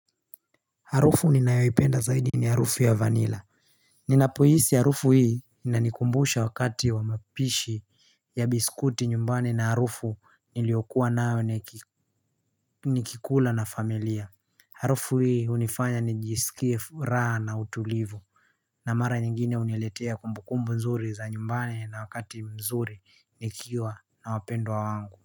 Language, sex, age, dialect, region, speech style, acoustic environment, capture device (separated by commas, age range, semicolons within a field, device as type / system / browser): Swahili, male, 18-24, Kenya - Nairobi Sheng-influenced, Kenya, happy, nature, mobile / Linux / Chrome